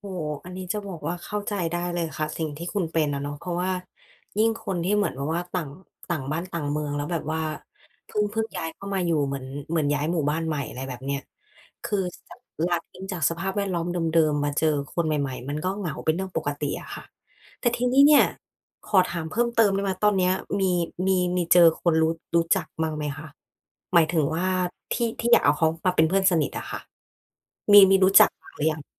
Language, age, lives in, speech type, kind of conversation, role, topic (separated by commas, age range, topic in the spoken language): Thai, 30-34, Thailand, conversation, advice, advisor, จะทำอย่างไรให้คนที่รู้จักกลายเป็นเพื่อนสนิทได้?